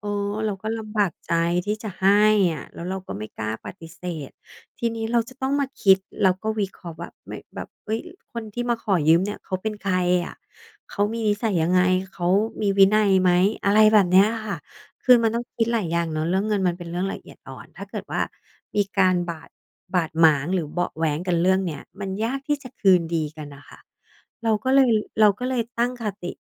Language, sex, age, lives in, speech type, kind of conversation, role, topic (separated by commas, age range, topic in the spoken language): Thai, female, 40-44, Thailand, conversation, podcast, guest, คุณปรับตัวอย่างไรเมื่อเจอความลำบาก?